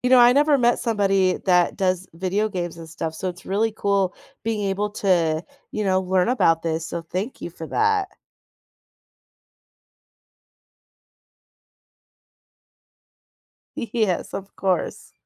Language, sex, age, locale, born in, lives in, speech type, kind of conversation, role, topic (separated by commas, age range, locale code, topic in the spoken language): English, female, 30-34, en-US, United States, United States, conversation, podcast, host, How has your hobby changed the way you see yourself or the world?